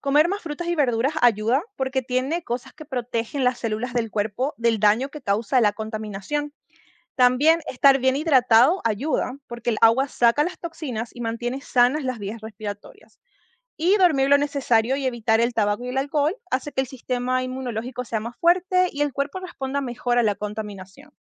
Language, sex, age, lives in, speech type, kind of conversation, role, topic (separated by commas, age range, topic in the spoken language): Spanish, female, 25-29, United States, read, expert-assistant, expert, ¿Cómo afecta la contaminación del aire a la salud de las personas?